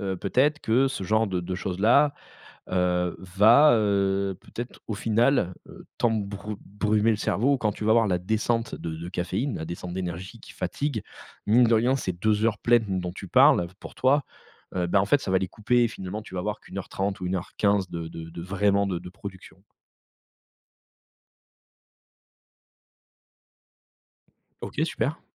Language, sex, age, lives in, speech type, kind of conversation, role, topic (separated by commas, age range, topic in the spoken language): French, male, 35-39, France, conversation, podcast, host, Quels petits exercices peux-tu proposer pour stimuler la créativité au quotidien ?